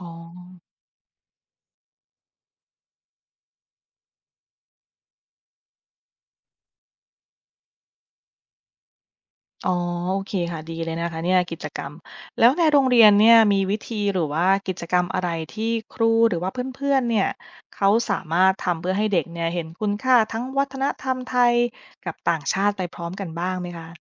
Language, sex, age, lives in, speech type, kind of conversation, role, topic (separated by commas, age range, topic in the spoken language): Thai, female, 30-34, United States, read, expert-assistant, asker, คุณช่วยยกตัวอย่างสถานการณ์ในชีวิตประจำวันของคนไทยที่สะท้อนถึงผลกระทบจากโลกาภิวัตน์ได้ไหม?